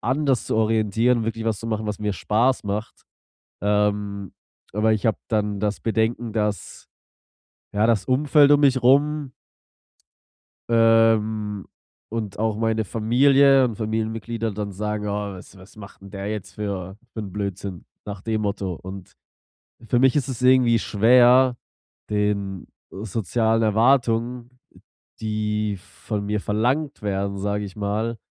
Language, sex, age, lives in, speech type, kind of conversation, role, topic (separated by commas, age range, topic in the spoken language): German, male, 25-29, Germany, conversation, advice, user, Wie kann ich dem Druck durch soziale Erwartungen standhalten, ohne meine Freunde zu verlieren?